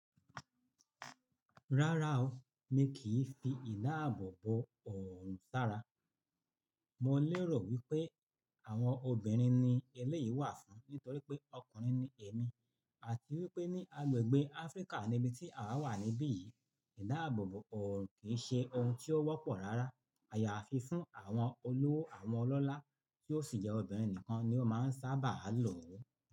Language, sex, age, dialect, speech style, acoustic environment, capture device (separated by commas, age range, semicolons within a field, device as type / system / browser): Yoruba, male, 45-54, Nigeria - Lagos Yoruba, nervous; focused; relaxed, people talking; nature; silence; appliances, mobile / Linux / Chrome